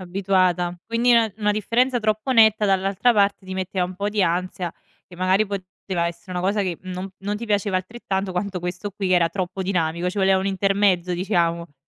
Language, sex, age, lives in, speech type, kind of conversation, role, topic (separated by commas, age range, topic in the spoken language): Italian, female, 25-29, Italy, conversation, podcast, host, Quando hai capito di aver preso la strada sbagliata nella tua carriera?